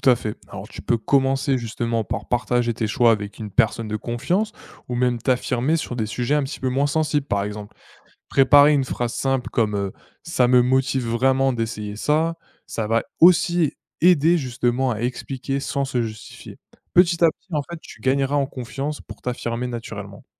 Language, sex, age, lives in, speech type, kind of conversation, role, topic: French, male, 20-24, France, read, expert-assistant, expert, Comment savoir si je choisis une carrière parce que ça me plaît ou parce que c’est valorisé autour de moi ?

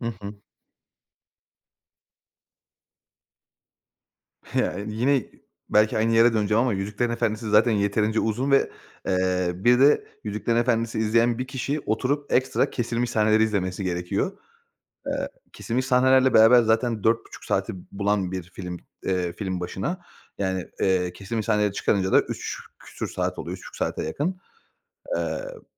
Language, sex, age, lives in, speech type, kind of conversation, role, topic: Turkish, male, 30-34, Bulgaria, conversation, podcast, guest, Bir kitabın dizi ya da filme uyarlanması hakkında ne düşünüyorsun?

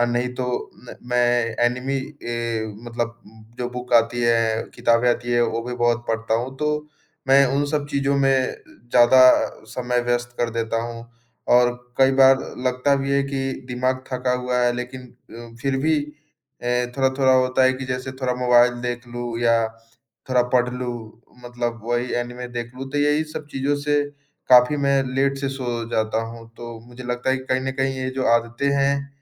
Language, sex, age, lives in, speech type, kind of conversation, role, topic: Hindi, male, 20-24, India, conversation, advice, user, मैं रोज़ समय पर उठकर नियमित दिनचर्या क्यों नहीं बना पाता/पाती हूँ?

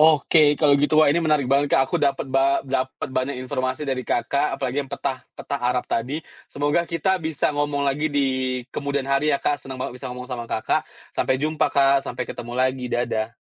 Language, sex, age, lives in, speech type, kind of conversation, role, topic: Indonesian, male, 30-34, Indonesia, conversation, podcast, host, Apa kenangan budaya keluarga yang bikin kamu bangga?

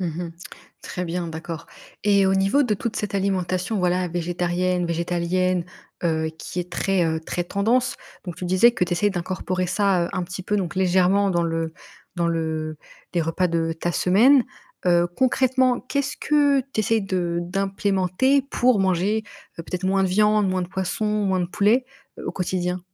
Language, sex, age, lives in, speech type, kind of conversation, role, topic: French, female, 25-29, France, conversation, podcast, host, Comment organises-tu concrètement tes repas de la semaine ?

